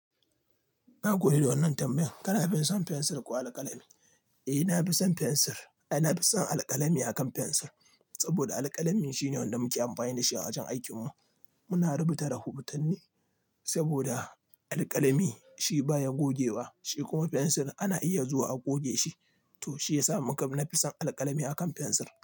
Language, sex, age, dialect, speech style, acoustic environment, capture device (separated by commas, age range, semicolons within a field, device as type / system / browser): Hausa, male, 25-34, Nigeria - Nigerian Standard, relaxed, nature, mobile / Linux / Chrome